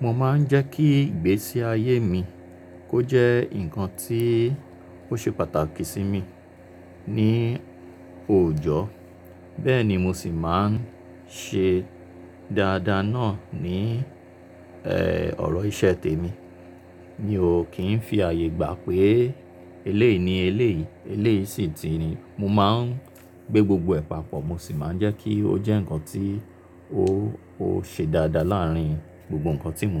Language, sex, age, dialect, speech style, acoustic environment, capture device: Yoruba, male, 35-44, Nigeria - Lagos Yoruba, relaxed; focused, silence, mobile / Linux / Chrome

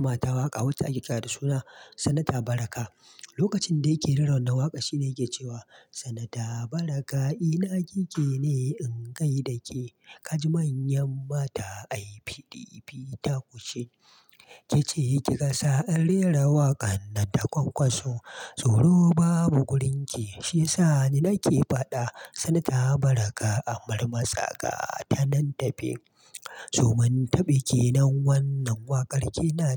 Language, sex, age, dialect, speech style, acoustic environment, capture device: Hausa, male, 25-34, Nigeria - Kano, excited; relaxed; happy; focused, silence, mobile / Linux / Chrome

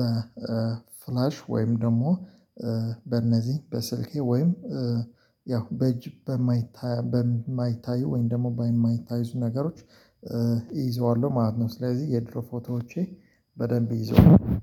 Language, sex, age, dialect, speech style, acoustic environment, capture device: Amharic, male, 25-34, Ethiopia - Addis Ababa, focused, silence, mobile / Linux / Chrome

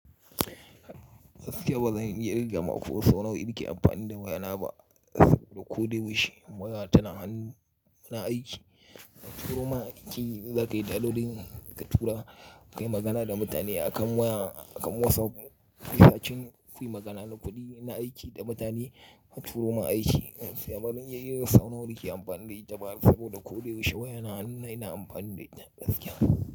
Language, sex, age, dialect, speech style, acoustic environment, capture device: Hausa, male, 35-44, Nigeria - Kano, happy, nature, mobile / Linux / Chrome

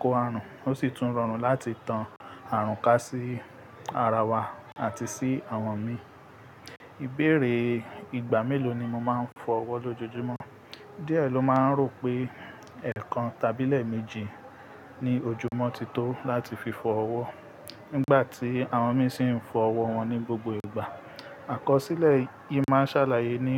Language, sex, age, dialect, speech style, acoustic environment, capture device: Yoruba, male, 25-34, Nigeria - Ibadan, relaxed, people talking; traffic, mobile / Linux / Chrome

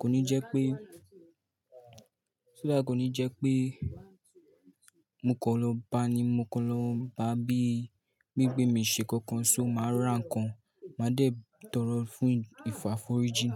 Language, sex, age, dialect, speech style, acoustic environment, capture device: Yoruba, male, 18-24, Nigeria - Lagos Yoruba, relaxed, people talking; nature; appliances, mobile / Linux / Chrome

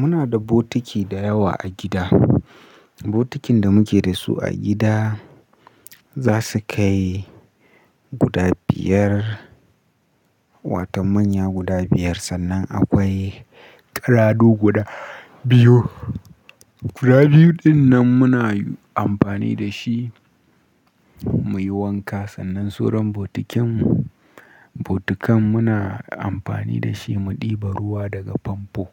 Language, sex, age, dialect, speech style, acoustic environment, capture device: Hausa, male, 18-24, Nigeria - Nigerian Standard, relaxed, silence, mobile / Linux / Chrome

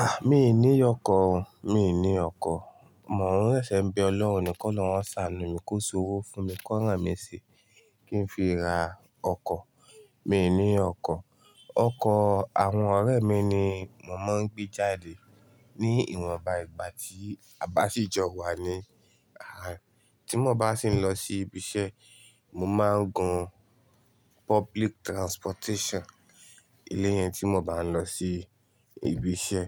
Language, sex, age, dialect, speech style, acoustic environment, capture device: Yoruba, male, 25-34, Nigeria - Ibadan, happy, silence, desktop / Linux / Chrome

